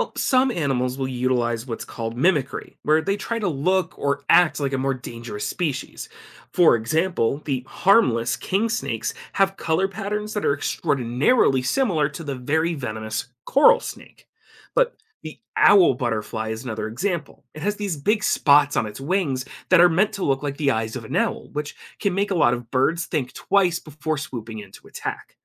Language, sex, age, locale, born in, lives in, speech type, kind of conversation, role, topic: English, male, 25-29, en-US, United States, United States, read, expert-assistant, expert, Why do some animals play dead to avoid danger?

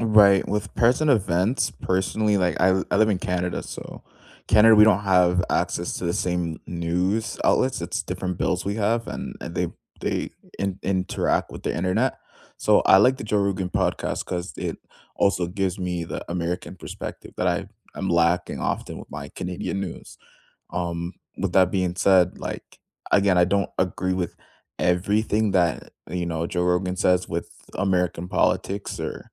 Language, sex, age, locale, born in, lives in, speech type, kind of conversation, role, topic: English, male, 20-24, en-CA, Canada, Canada, conversation, unstructured, none, What show, book, or podcast are you loving right now, and why should I try it?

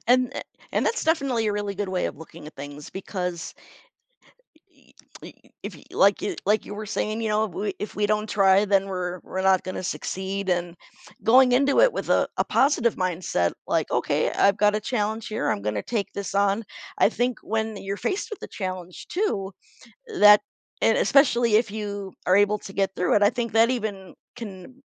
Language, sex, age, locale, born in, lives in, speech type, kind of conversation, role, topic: English, female, 45-49, en-US, United States, United States, conversation, podcast, guest, How can failure help us grow and find new opportunities?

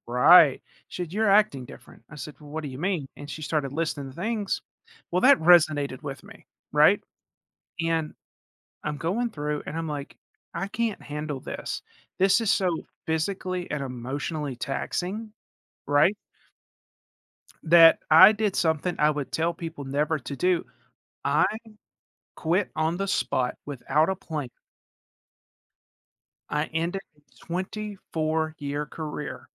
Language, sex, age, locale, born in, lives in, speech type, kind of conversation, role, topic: English, male, 40-44, en-US, United States, United States, conversation, podcast, guest, What factors should you consider before making a major career change?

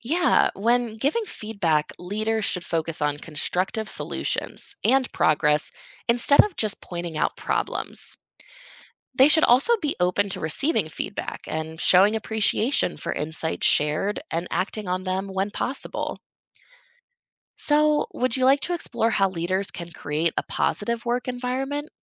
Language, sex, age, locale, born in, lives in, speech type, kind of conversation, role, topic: English, female, 25-29, en-US, United States, United States, read, expert-assistant, expert, What are the benefits of teamwork and collaboration in achieving goals?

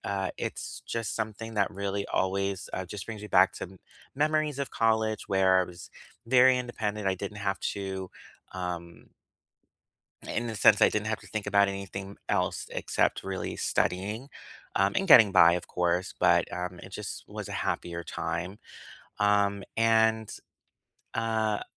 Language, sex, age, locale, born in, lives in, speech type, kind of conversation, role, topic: English, male, 35-39, en-US, United States, United States, conversation, unstructured, none, Which easy, feel-good meals lift your mood, and what memories or rituals make them special?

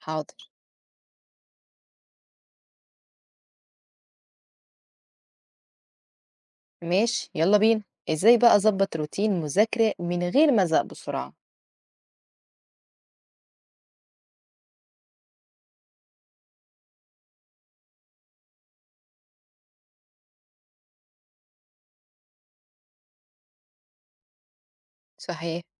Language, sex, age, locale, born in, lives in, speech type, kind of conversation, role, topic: Arabic, female, 30-34, ar-EG, Egypt, Portugal, read, expert-assistant, asker, كيف يمكن للطالب التغلب على الكسل الدراسي؟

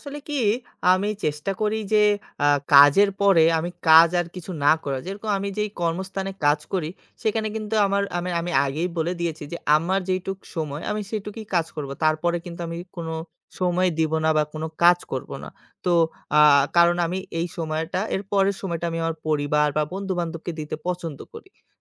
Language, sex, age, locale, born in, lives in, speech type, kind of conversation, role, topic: Bengali, male, 25-29, bn-BD, Bangladesh, Bangladesh, conversation, podcast, guest, কাজ ও পরিবারের মধ্যে ভারসাম্য আপনি কীভাবে বজায় রাখেন?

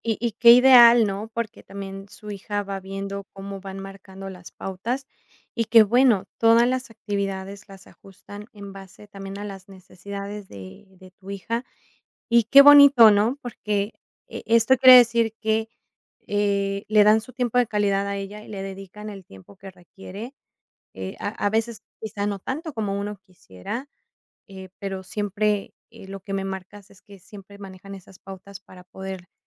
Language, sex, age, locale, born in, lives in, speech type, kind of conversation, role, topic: Spanish, female, 35-39, es-MX, Mexico, Mexico, conversation, podcast, host, ¿Cómo negocias las responsabilidades del hogar con tu pareja?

